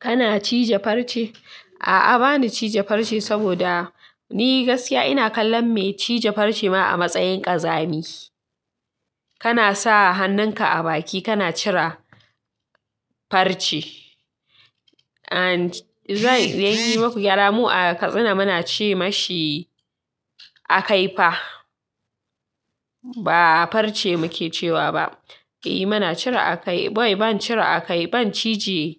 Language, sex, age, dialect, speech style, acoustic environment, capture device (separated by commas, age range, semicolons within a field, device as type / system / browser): Hausa, female, 25-34, Nigeria - Katsina, happy, people talking, mobile / Linux / Chrome